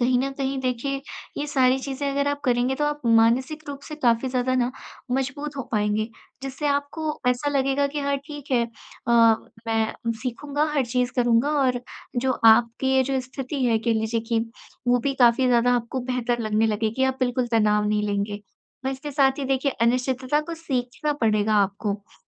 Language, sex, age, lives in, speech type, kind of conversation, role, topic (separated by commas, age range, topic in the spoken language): Hindi, female, 20-24, India, conversation, advice, advisor, लगातार बदलावों के बीच अनिश्चितता में मैं खुद को कैसे संभालूँ?